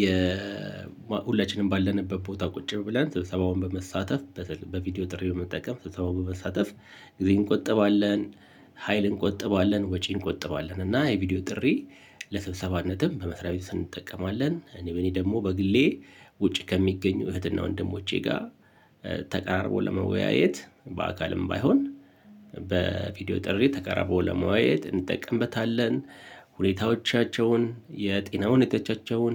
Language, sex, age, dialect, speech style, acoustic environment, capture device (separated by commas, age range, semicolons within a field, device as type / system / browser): Amharic, male, 45-54, Ethiopia - Addis Ababa, relaxed, silence, mobile / Linux / Chrome